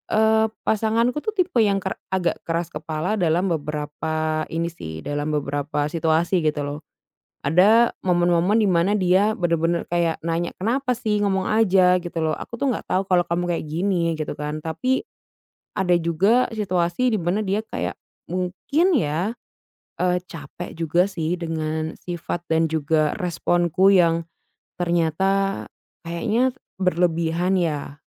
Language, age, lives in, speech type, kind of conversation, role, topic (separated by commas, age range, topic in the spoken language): Indonesian, 25-29, Indonesia, conversation, advice, user, Bagaimana cara mengomunikasikan kebutuhan emosional kepada pasangan?